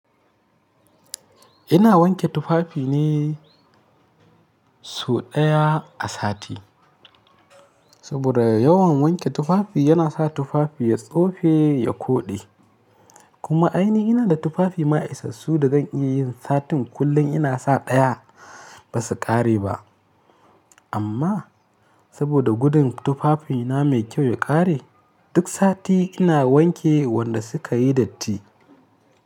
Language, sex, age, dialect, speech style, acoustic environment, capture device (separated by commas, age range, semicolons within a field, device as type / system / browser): Hausa, male, 25-34, Nigeria - Kano, happy, silence; nature, mobile / Linux / Chrome